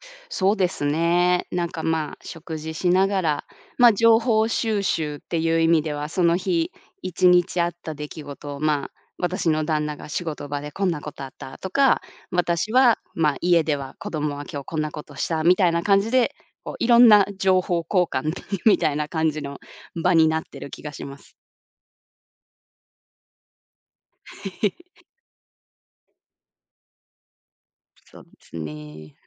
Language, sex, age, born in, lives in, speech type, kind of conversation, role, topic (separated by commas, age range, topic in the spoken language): Japanese, female, 30-34, Japan, United States, conversation, podcast, guest, 夕食の時間、家ではどんな雰囲気ですか？